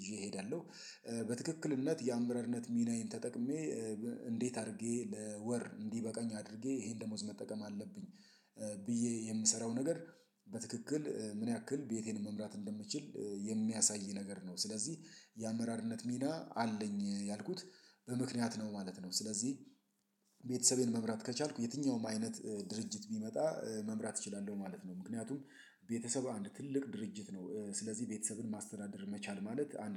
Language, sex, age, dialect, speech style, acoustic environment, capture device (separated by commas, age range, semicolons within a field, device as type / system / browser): Amharic, male, 35-44, Ethiopia - Addis Ababa, excited, silence, mobile / Linux / Chrome